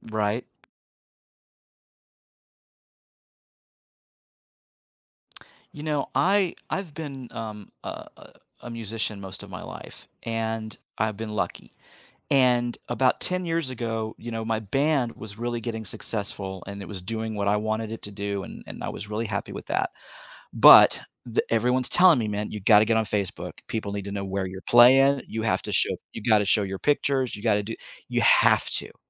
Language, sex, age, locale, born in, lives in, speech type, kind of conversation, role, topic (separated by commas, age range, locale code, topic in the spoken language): English, male, 55-59, en-US, United States, United States, conversation, podcast, guest, How has technology changed our daily communication?